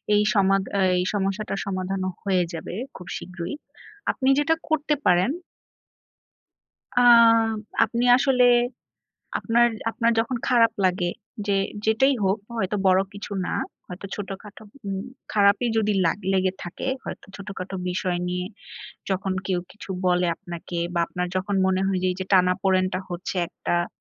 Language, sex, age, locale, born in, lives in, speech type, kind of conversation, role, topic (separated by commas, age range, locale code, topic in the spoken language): Bengali, female, 30-34, bn-BD, Bangladesh, Bangladesh, conversation, advice, advisor, গৃহস্থালির কাজ ভাগাভাগি নিয়ে আপনার বাড়িতে কী ধরনের টানাপোড়েন হচ্ছে?